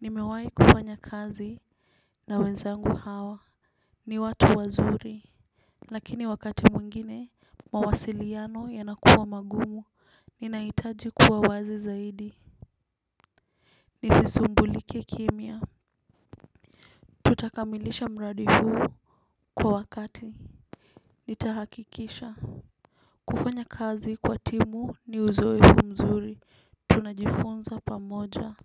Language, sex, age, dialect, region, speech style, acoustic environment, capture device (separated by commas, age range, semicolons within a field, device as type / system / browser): Swahili, female, 18-24, Kenya - Nairobi Sheng-influenced, Kenya, tired, nature; music, desktop / Windows / Chrome